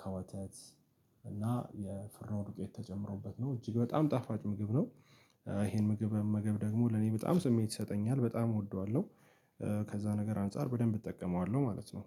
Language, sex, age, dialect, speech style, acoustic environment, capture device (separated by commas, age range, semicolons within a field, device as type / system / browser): Amharic, male, 18-24, Ethiopia - Addis Ababa, happy; anxious; relaxed; tired, people talking; music; nature, mobile / Linux / Chrome